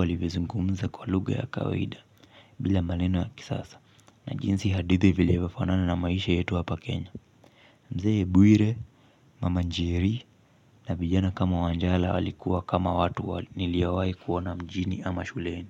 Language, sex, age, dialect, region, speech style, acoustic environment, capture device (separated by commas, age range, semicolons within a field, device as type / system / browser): Swahili, male, 25-34, Kenya - Mombasa, Kenya, relaxed, silence, mobile / Linux / Chrome